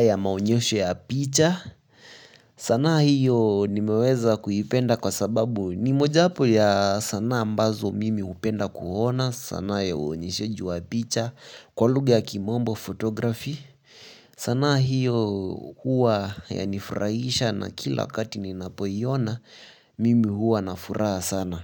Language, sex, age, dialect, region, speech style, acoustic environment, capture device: Swahili, male, 18-24, Kenya - Nairobi Sheng-influenced, Kenya, nervous, silence, mobile / Linux / Chrome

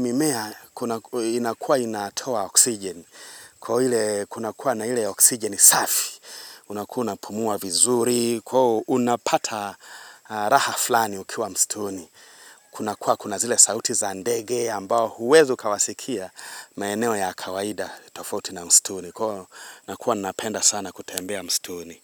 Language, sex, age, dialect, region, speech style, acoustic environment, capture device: Swahili, male, 25-34, Tanzania - Dar es Salaam, Tanzania, excited; happy, nature, mobile / Linux / Chrome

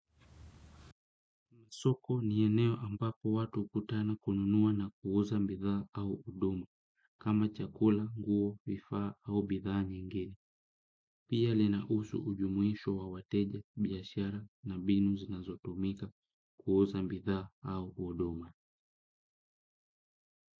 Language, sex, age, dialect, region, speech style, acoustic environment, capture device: Swahili, male, 25-34, Kenya - Kitui, Kenya, relaxed, silence, desktop / Windows / Chrome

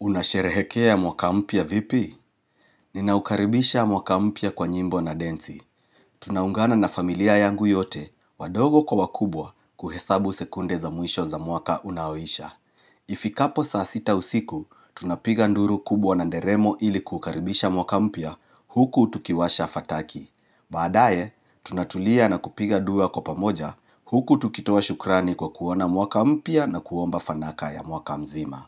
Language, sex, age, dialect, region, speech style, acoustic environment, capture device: Swahili, male, 35-44, Kenya - Nairobi Sheng-influenced, Kenya, happy; relaxed; focused; excited, silence; nature; appliances, mobile / Linux / Chrome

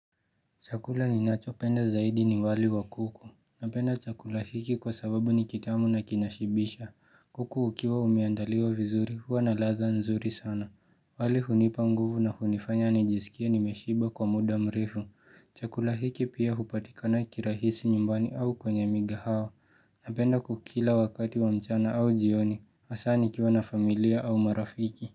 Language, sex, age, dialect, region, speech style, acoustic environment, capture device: Swahili, male, 18-24, Kenya - Nairobi Sheng-influenced, Kenya, relaxed, silence; nature; music; appliances, mobile / Linux / Chrome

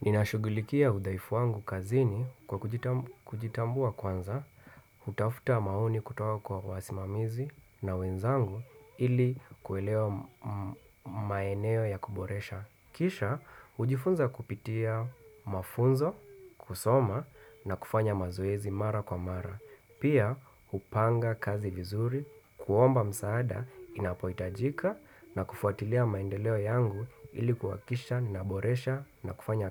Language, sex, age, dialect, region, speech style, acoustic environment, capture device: Swahili, male, 25-34, Kenya - Nairobi Sheng-influenced, Kenya, relaxed, people talking, desktop / Windows / Chrome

